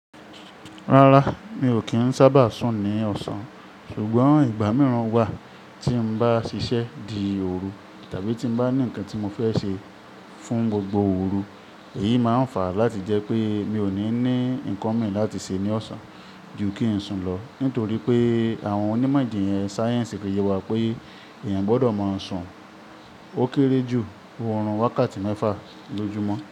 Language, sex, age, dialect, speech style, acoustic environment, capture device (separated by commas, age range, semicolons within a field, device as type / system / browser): Yoruba, male, 25-34, Nigeria - Ibadan, focused, appliances, mobile / Linux / Chrome